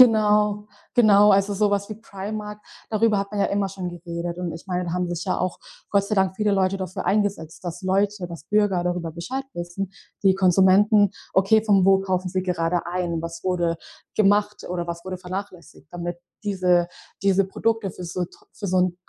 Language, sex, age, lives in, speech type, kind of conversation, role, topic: German, female, 20-24, Germany, conversation, podcast, guest, Was denkst du über Nachhaltigkeit in der Mode?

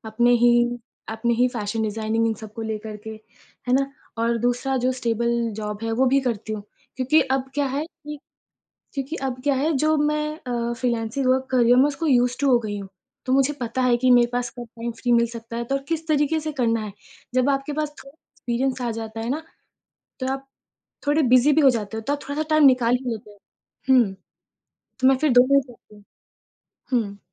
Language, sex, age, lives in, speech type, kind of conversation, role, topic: Hindi, female, 25-29, India, conversation, podcast, guest, Passion follow karna aur stable naukri karna—tumhara rule kya hai?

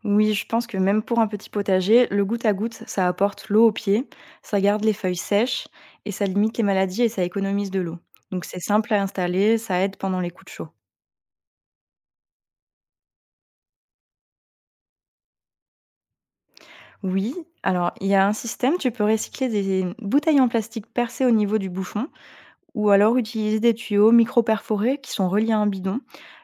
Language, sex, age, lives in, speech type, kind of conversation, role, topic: French, female, 30-34, France, read, expert-assistant, expert, Comment faire face aux imprévus météo qui menacent les cultures, comme la sécheresse ou la grêle ?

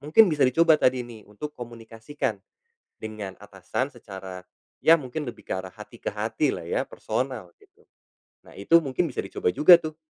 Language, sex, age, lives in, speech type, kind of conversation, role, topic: Indonesian, male, 30-34, Indonesia, conversation, advice, advisor, Bagaimana cara memulai pekerjaan baru dengan tanggung jawab yang berbeda?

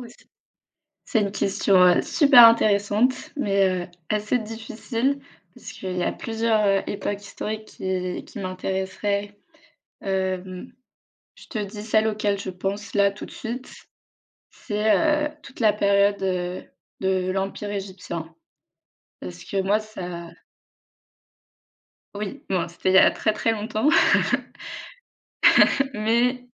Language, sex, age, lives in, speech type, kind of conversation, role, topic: French, female, 25-29, France, conversation, unstructured, none, Selon toi, quelle époque historique serait la plus intéressante à vivre ?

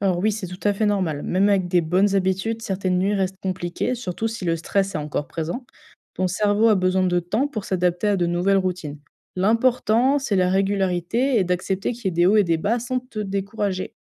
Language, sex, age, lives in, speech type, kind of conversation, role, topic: French, female, 20-24, France, read, expert-assistant, expert, Quelles petites habitudes pourrions-nous essayer pour mieux dormir, même quand la vie est bien remplie ?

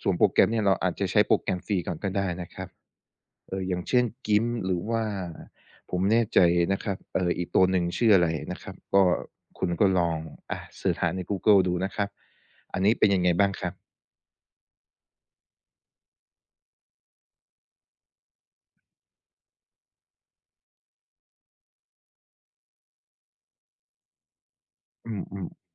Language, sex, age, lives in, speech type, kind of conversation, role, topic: Thai, male, 25-29, Thailand, conversation, advice, advisor, ทำอย่างไรให้ฉันเอาชนะความกลัวและกล้าลองสิ่งใหม่ ๆ ได้?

